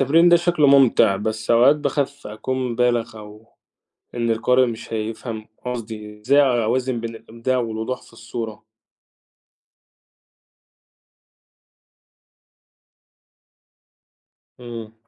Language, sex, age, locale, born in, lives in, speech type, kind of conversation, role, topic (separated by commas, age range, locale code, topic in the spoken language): Arabic, male, 45-49, ar-EG, Egypt, Egypt, read, expert-assistant, asker, إزاي أقدر أطور مهاراتي في كتابة الشعر؟ إيه أهم التكنيكات اللي ممكن أبتدي بيها؟